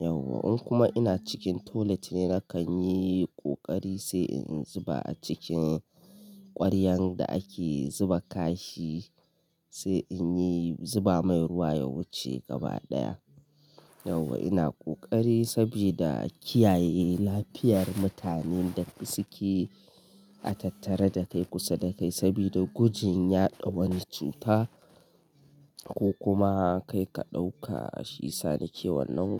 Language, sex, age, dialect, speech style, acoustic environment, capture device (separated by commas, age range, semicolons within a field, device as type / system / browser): Hausa, male, 25-34, Nigeria - Kano, happy, people talking, mobile / Linux / Chrome